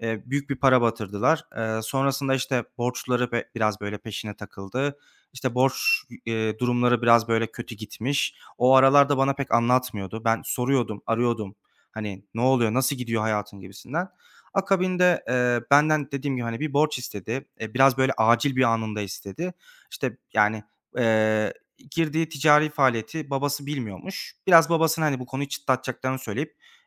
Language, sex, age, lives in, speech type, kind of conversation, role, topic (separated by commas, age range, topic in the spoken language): Turkish, male, 25-29, Germany, conversation, advice, user, İletişimin koptuğu bir arkadaşla yeniden yakınlaşmaya nereden başlamalıyım?